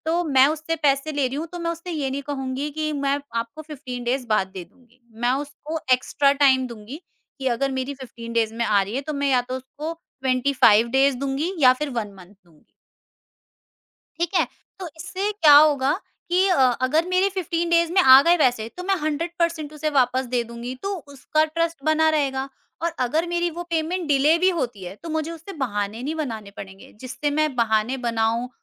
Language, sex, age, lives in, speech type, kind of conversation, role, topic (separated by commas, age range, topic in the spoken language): Hindi, female, 50-54, India, conversation, podcast, guest, Kaunsi chhoti aadatein logon ko vishwasniya banati hain?